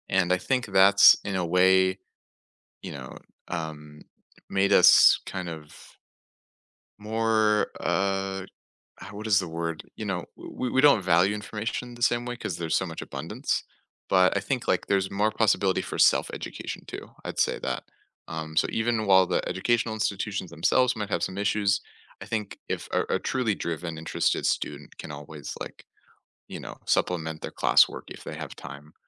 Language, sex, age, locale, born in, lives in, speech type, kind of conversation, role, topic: English, male, 20-24, en-US, United States, United States, conversation, unstructured, none, What role does innovation play in education today?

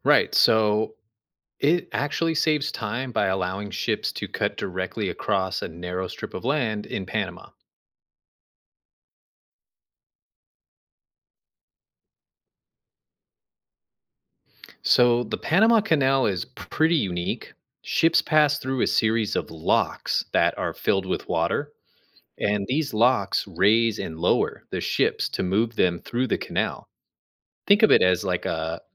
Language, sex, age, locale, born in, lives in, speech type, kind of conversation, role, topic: English, male, 35-39, en-US, United States, United States, read, expert-assistant, expert, What is the largest man-made canal in the world?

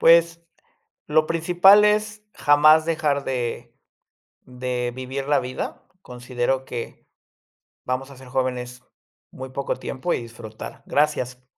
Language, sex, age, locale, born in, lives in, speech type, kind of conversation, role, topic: Spanish, male, 30-34, es-MX, Mexico, Mexico, conversation, podcast, guest, ¿Cómo equilibras el salario y el sentido en tu trabajo?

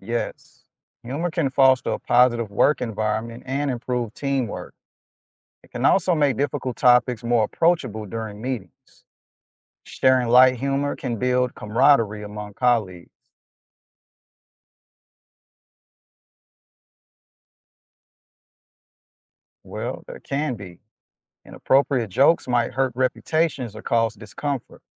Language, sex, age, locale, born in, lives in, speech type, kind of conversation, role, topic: English, male, 35-39, en-US, United States, United States, read, expert-assistant, expert, What is the role of humor in social interactions?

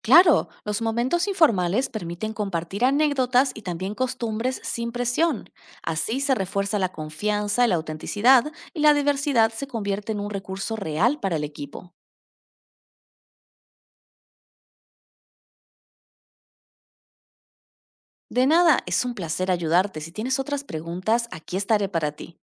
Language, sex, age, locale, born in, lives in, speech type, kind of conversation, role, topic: Spanish, female, 30-34, es-AR, Argentina, Netherlands, read, expert-assistant, expert, ¿Cómo puedo usar lo que enseña la antropología para comunicarme mejor con personas diferentes a mí?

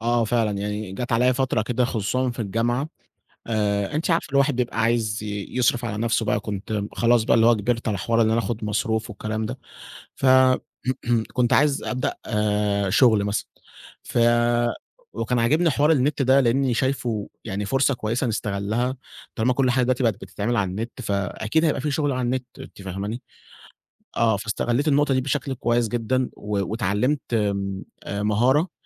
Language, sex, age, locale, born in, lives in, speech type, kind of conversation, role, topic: Arabic, male, 20-24, ar-EG, Egypt, Egypt, conversation, podcast, guest, هل جرّبت تتعلّم من على الإنترنت؟ إيه كانت تجربتك؟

